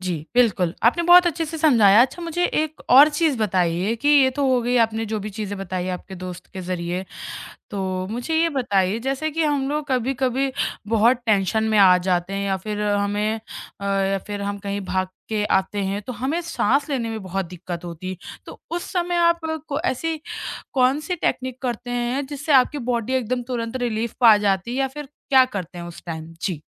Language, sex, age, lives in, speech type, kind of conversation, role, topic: Hindi, female, 20-24, India, conversation, podcast, host, Breathing exercises tum par kaise asar karte hain?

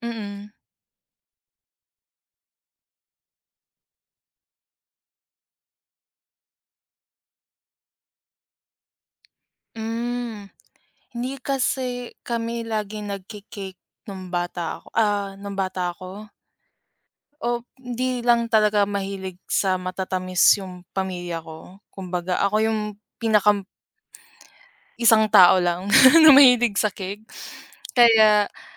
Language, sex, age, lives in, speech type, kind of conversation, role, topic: Filipino, female, 25-29, Philippines, conversation, unstructured, none, Anong pagkain ang laging nagpapasaya sa iyo kapag nakakain mo ito?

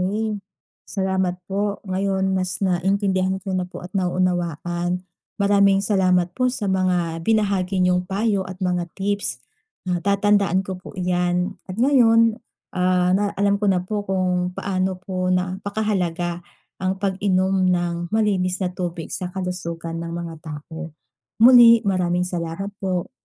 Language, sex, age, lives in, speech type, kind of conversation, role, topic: Filipino, female, 30-34, Philippines, read, expert-assistant, asker, Bakit mahalaga ang pag-inom ng malinis na tubig sa kalusugan?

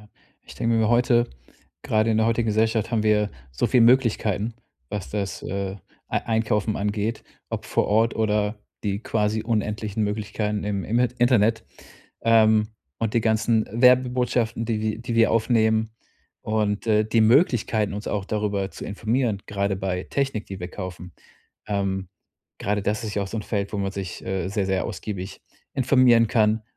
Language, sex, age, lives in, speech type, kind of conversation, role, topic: German, male, 35-39, Germany, conversation, advice, advisor, Warum fällt mir das Einkaufen und das Treffen von Kaufentscheidungen so schwer?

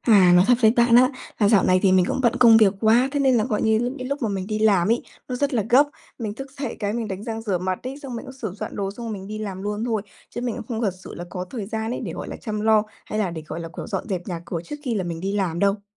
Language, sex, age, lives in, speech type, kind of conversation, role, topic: Vietnamese, female, 20-24, Vietnam, conversation, advice, user, Làm sao để tôi có thể thư giãn ở nhà khi lúc nào cũng thấy bồn chồn?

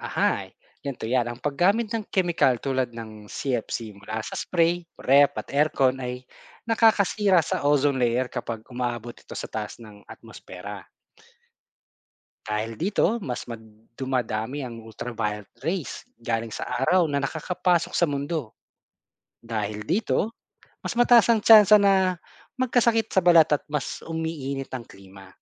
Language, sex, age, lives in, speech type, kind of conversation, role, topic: Filipino, male, 40-44, Philippines, read, expert-assistant, expert, Ano ang dahilan ng pagnipis ng ozone layer?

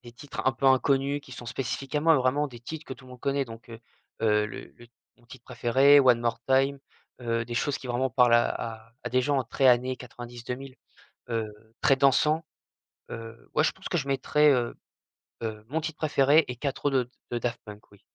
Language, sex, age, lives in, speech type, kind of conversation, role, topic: French, male, 20-24, France, conversation, podcast, guest, Quelle musique te met instantanément de bonne humeur ?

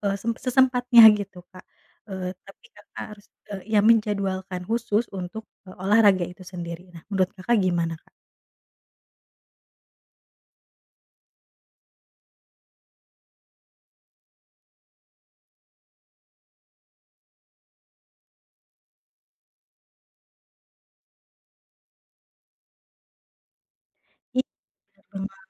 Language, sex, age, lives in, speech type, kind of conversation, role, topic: Indonesian, female, 30-34, Indonesia, conversation, advice, advisor, Bagaimana cara memulai kebiasaan olahraga kecil yang berkelanjutan tanpa harus latihan berat setiap hari?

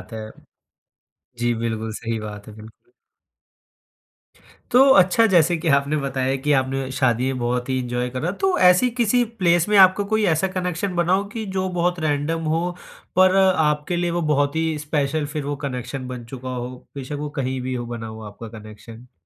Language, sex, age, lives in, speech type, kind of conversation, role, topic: Hindi, male, 20-24, India, conversation, podcast, host, Naye shehar mein aap logon se rishta banana kaise shuru karte hain?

